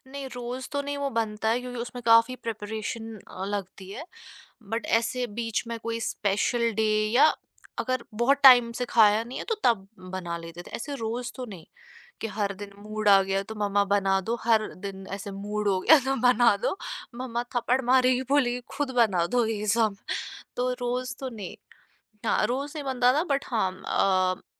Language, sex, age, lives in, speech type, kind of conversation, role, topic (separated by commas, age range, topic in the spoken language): Hindi, female, 25-29, India, conversation, podcast, guest, Bachpan mein ghar par banti thi aisi kaunsi khaas dish, jo aapko aaj bhi yaad hai?